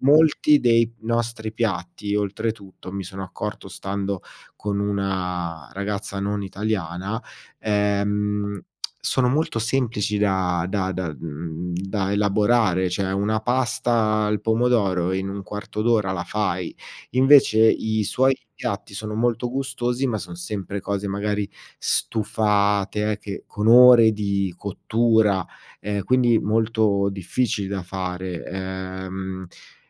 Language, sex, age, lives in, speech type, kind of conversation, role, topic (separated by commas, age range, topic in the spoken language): Italian, male, 40-44, Italy, conversation, advice, user, Come posso gestire i litigi ricorrenti con il partner per piccole questioni della quotidianità?